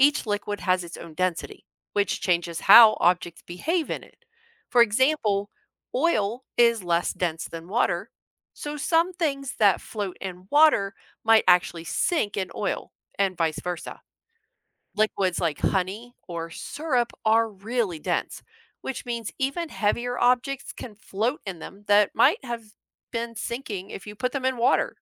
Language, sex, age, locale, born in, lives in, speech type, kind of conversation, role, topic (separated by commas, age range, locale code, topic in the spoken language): English, female, 45-49, en-US, United States, United States, read, expert-assistant, expert, What determines whether objects and liquids float, sink, or form layers?